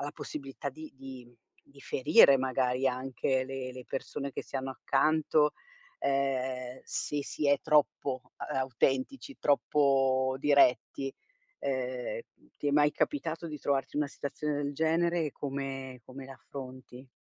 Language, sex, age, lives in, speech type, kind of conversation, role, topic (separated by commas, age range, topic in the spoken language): Italian, female, 50-54, Italy, conversation, podcast, host, Che significa per te essere autentico quando ti esprimi?